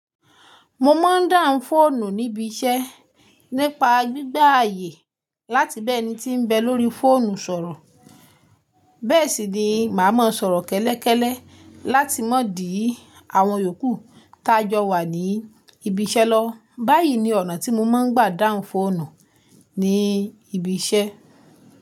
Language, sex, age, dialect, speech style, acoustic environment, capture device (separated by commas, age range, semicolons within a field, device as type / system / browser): Yoruba, female, 25-34, Nigeria - Ibadan, focused; relaxed, silence, mobile / Linux / Chrome